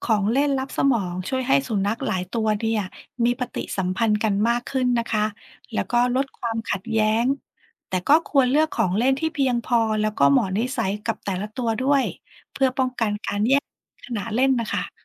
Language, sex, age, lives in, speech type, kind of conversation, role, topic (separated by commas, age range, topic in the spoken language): Thai, female, 40-44, Thailand, read, expert-assistant, expert, คนส่วนใหญ่บอกว่าสัตว์เลี้ยงช่วยให้สุขภาพจิตดีขึ้น เรื่องนี้มีหลักฐานจริงหรือเปล่า?